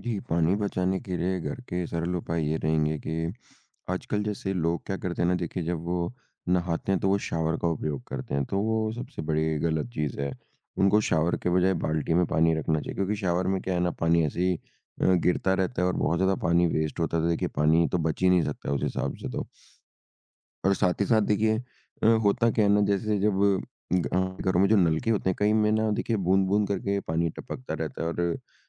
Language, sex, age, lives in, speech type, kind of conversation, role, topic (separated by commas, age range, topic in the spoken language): Hindi, male, 55-59, India, conversation, podcast, guest, घर पर पानी बचाने के सरल उपाय क्या हैं?